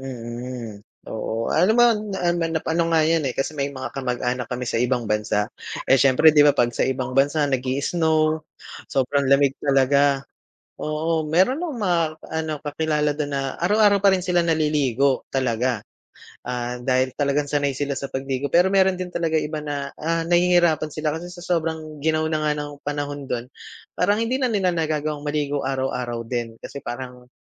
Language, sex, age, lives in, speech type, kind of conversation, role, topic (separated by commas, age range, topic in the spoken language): Filipino, male, 35-39, Philippines, conversation, unstructured, none, Ano ang palagay mo sa mga taong hindi naliligo araw-araw?